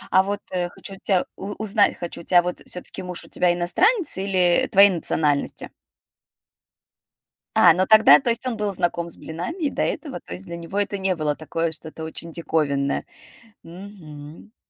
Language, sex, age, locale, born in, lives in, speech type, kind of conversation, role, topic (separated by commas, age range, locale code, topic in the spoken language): Russian, female, 35-39, ru-RU, Russia, United States, conversation, podcast, host, Какая еда вызывает у тебя чувство дома и почему?